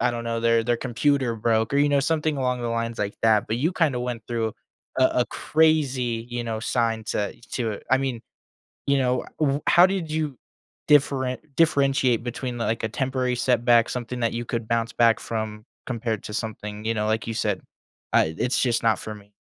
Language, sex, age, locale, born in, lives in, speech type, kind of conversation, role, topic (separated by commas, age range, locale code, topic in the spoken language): English, male, 20-24, en-US, United States, United States, conversation, podcast, host, What helps you stay motivated and resilient when facing obstacles in pursuit of your goals?